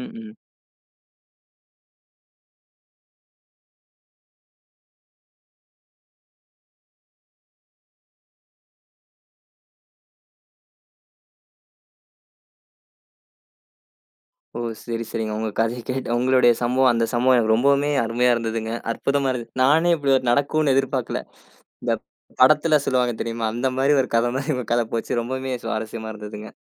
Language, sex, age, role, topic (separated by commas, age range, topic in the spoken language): Tamil, male, 20-24, host, உங்கள் வாழ்க்கையில் ஒரு தவறான திட்டம் பின்னோக்கிப் பார்த்தால் நன்மையாக மாறியதா?